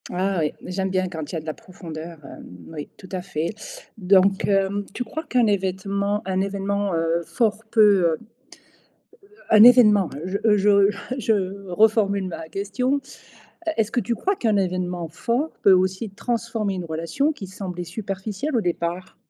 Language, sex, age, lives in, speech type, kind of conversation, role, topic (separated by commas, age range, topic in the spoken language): French, female, 55-59, Portugal, read, expert-assistant, asker, Comment une amitié devient-elle vraiment profonde ?